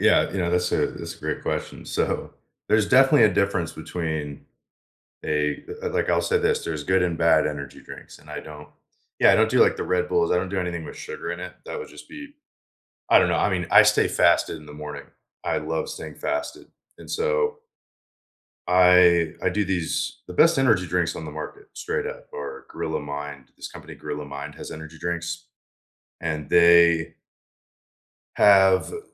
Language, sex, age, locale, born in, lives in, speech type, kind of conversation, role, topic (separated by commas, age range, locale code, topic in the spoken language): English, male, 25-29, en-US, United States, United States, conversation, unstructured, none, What small habits make your mornings feel better?